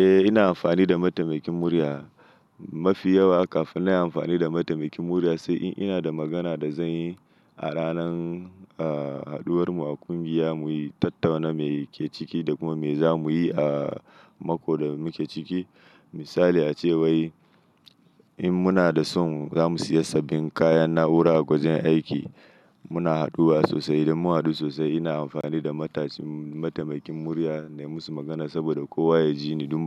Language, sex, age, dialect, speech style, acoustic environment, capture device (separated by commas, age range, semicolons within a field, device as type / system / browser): Hausa, male, 25-34, Nigeria - Nigerian Standard, anxious; happy, silence; nature, mobile / Linux / Chrome